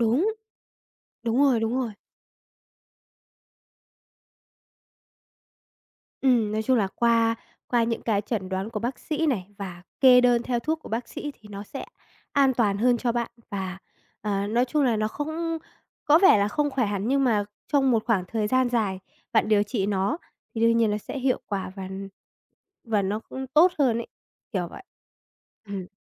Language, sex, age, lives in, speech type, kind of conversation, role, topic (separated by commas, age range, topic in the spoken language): Vietnamese, female, 20-24, Vietnam, conversation, advice, advisor, Bạn cảm thấy lo lắng về sức khỏe tương lai như thế nào sau khi nhận chẩn đoán bệnh mới?